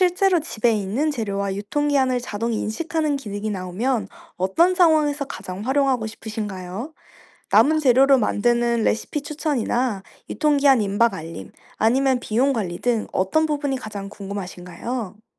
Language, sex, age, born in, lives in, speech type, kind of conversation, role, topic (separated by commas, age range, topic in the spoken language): Korean, female, 25-29, South Korea, France, read, expert-assistant, expert, 바쁜 일상 속에서도 영양을 잘 챙길 수 있는 새로운 아이디어가 있다면 무엇이 있을까요?